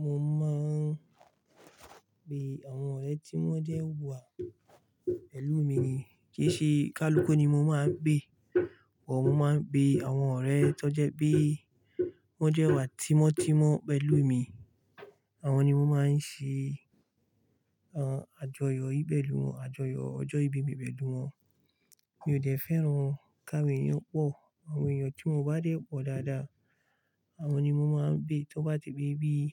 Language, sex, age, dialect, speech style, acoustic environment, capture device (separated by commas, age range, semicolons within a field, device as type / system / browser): Yoruba, male, 25-34, Nigeria - Kano, relaxed, silence, mobile / Linux / Chrome